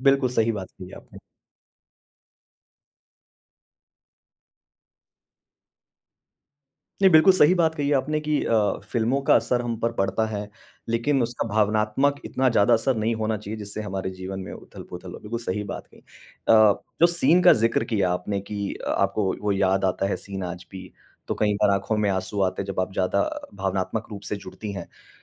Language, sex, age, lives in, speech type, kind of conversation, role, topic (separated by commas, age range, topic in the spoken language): Hindi, male, 35-39, India, conversation, podcast, host, किस बॉलीवुड फिल्म ने आपकी सोच का नज़रिया बदला और कैसे?